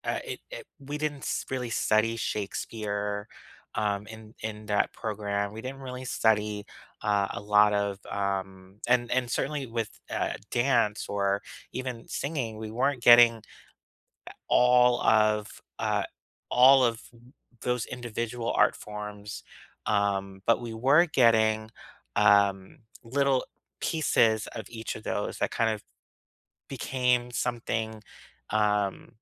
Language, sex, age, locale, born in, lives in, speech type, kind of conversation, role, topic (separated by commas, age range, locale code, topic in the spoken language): English, male, 35-39, en-US, United States, United States, conversation, unstructured, none, What would your dream class teach, why would it matter to you, and who would benefit from it?